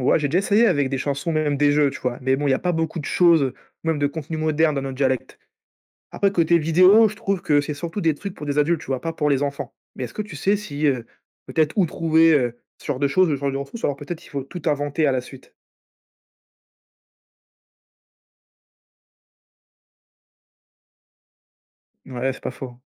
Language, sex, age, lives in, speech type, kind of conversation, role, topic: French, male, 20-24, France, read, expert-assistant, asker, Quels signes montrent qu’une langue familiale se perd au fil des générations ?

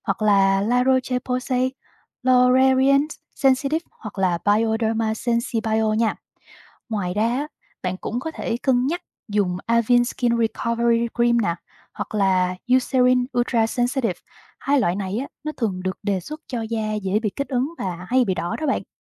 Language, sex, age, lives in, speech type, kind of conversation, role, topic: Vietnamese, female, 25-29, Vietnam, read, expert-assistant, expert, Tại sao khi cười hoặc khóc lại bị đỏ mặt?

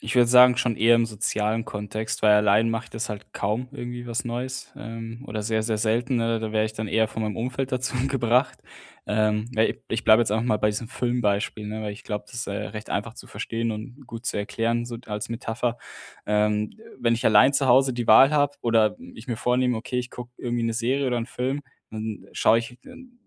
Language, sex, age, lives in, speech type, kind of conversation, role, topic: German, male, 25-29, Germany, conversation, advice, user, Wie kann ich offener für Neues und Veränderungen werden?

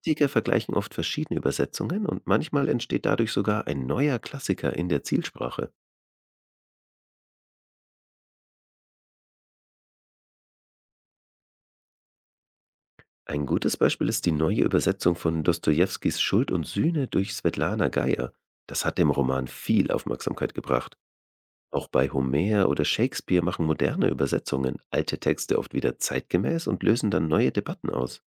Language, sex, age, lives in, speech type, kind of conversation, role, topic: German, male, 35-39, Germany, read, expert-assistant, expert, Wie entscheiden wir eigentlich, ob ein Buch „gut“ ist – und ändert sich das je nach Kultur oder Zeit?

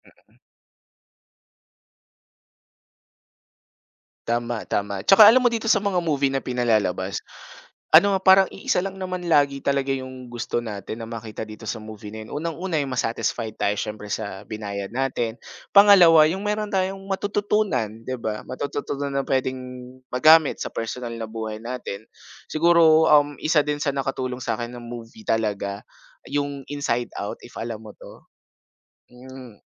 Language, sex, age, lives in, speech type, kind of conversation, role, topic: Filipino, male, 25-29, Philippines, conversation, unstructured, none, Paano nakaaapekto ang mga pelikula sa pananaw mo sa buhay?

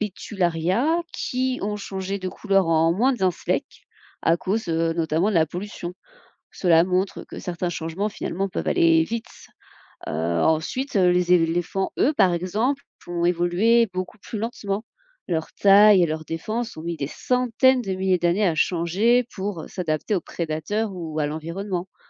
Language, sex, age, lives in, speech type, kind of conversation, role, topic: French, female, 30-34, France, read, expert-assistant, expert, Pourquoi les pingouins ne volent-ils pas ?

